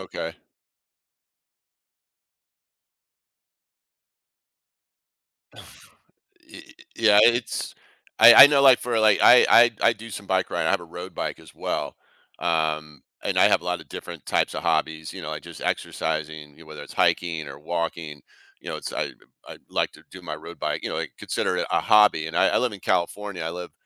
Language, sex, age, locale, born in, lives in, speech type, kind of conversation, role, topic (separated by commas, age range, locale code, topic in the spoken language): English, male, 55-59, en-US, United States, United States, conversation, podcast, host, What memorable experience have you had related to your hobby?